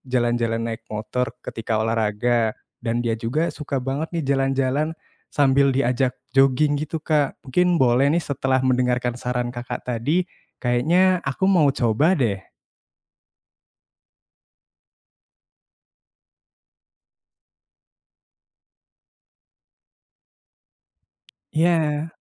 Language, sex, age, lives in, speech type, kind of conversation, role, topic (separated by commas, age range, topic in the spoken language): Indonesian, male, 20-24, Indonesia, conversation, advice, user, Bagaimana cara mengatasi hilangnya motivasi untuk berolahraga secara rutin?